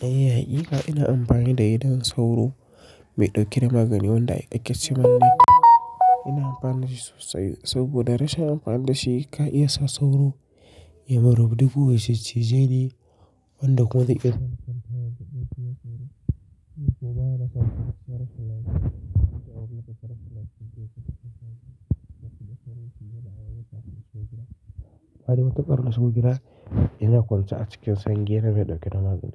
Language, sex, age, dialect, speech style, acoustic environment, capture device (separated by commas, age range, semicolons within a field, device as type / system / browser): Hausa, male, 25-34, Nigeria - Kano, relaxed; excited, silence; nature, mobile / Linux / Chrome